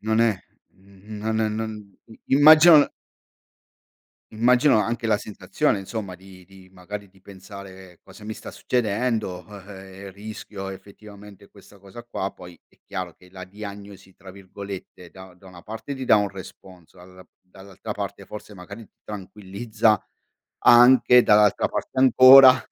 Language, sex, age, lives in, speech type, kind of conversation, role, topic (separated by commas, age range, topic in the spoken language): Italian, male, 45-49, Italy, conversation, podcast, host, Puoi raccontarmi di una volta in cui hai superato una grande difficoltà?